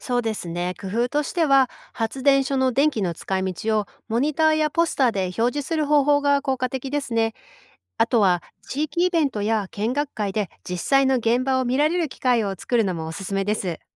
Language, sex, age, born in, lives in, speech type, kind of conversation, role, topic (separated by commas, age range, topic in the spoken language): Japanese, female, 45-49, Japan, United States, read, expert-assistant, expert, 気候変動対策の中で、再生可能エネルギー導入・省エネ推進・森林保全のうち、今最も優先すべきはどれでしょうか？